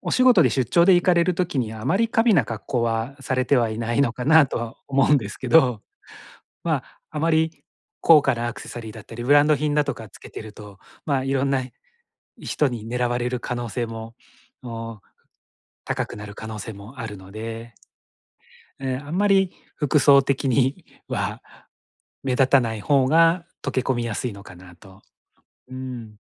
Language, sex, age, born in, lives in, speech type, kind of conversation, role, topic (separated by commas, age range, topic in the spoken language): Japanese, male, 45-49, Japan, Japan, conversation, advice, advisor, 見知らぬ場所で安全を確保するにはどうすればよいですか？